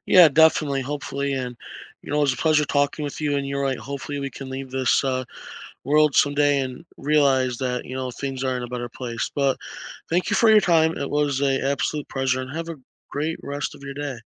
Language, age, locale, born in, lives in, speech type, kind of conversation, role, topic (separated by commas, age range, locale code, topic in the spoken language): English, 20-24, en-US, United States, United States, conversation, unstructured, none, How do you feel about people wasting natural resources?